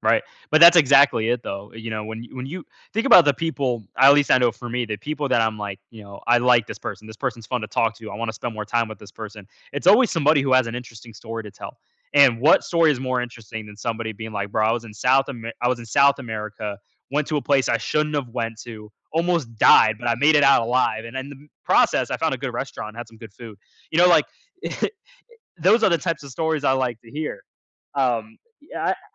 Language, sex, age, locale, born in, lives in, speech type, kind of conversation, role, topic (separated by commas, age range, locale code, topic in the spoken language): English, male, 20-24, en-US, United States, United States, conversation, podcast, guest, Can you share a time you felt out of your comfort zone?